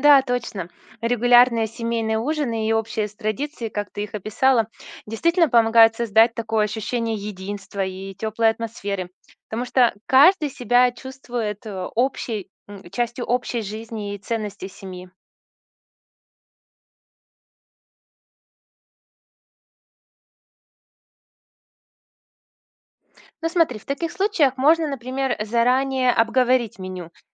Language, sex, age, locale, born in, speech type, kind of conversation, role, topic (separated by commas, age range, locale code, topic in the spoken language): Russian, female, 40-44, ru-RU, Russia, read, expert-assistant, expert, Зачем люди собираются на семейные ужины